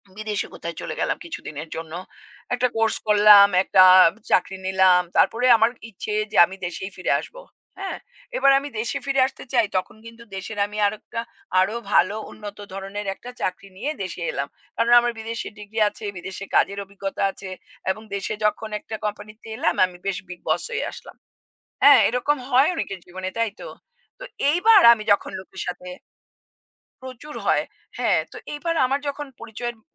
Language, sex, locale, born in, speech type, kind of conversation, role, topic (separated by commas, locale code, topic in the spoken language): Bengali, female, bn-IN, India, conversation, unstructured, none, আপনি নিজেকে কীভাবে পরিচয় করিয়ে দিতে চান?